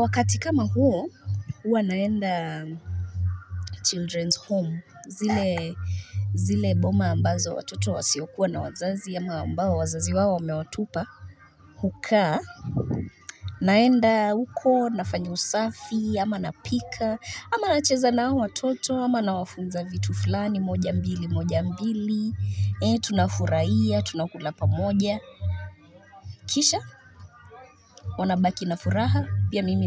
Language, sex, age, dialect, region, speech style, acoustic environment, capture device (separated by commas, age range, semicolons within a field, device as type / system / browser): Swahili, female, 25-34, Kenya - Nairobi Sheng-influenced, Kenya, relaxed, music, mobile / Linux / Chrome